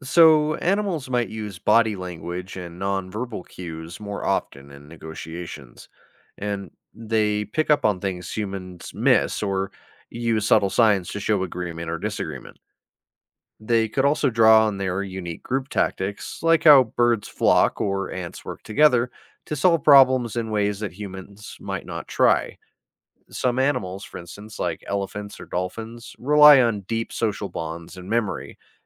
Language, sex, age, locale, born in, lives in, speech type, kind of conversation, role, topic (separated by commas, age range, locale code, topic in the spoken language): English, male, 30-34, en-US, United States, United States, read, expert-assistant, expert, How would talking animals change society?